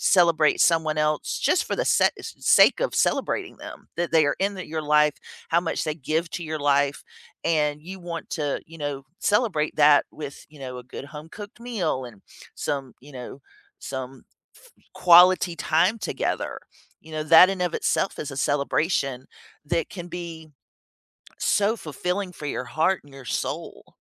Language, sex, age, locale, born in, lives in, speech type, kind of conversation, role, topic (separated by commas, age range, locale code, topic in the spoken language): English, female, 50-54, en-US, United States, United States, conversation, unstructured, none, How do you like to celebrate holidays with your family?